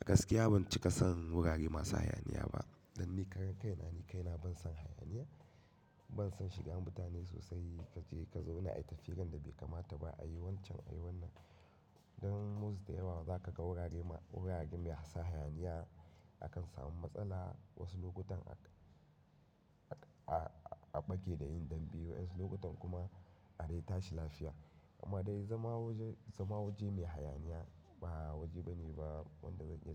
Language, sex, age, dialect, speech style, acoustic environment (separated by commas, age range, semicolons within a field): Hausa, male, 25-34, Nigeria - Katsina, happy, silence; nature